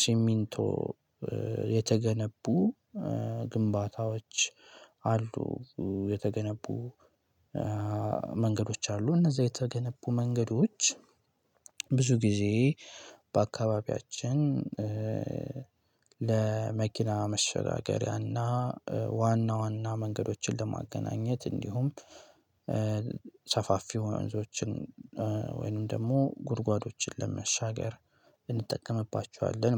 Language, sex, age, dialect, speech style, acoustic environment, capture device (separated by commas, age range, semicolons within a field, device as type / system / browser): Amharic, male, 18-24, Ethiopia - Gojjam, relaxed, silence, desktop / Linux / Chrome